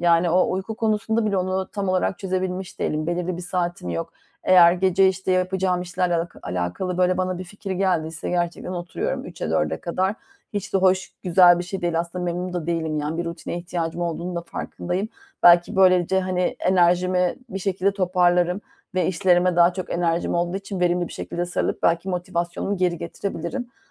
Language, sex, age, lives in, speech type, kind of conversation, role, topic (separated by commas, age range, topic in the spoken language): Turkish, female, 40-44, Germany, conversation, advice, user, Günlük yaşamda enerji düşüşünü ve motivasyon kaybını nasıl aşabilirim?